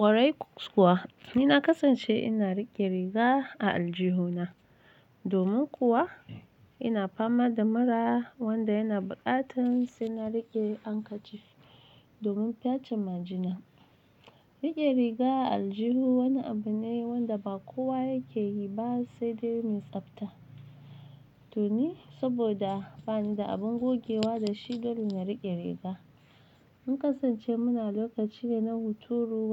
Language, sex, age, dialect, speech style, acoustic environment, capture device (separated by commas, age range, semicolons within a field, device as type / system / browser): Hausa, female, 25-34, Nigeria - Nigerian Standard, relaxed, silence, mobile / Linux / Chrome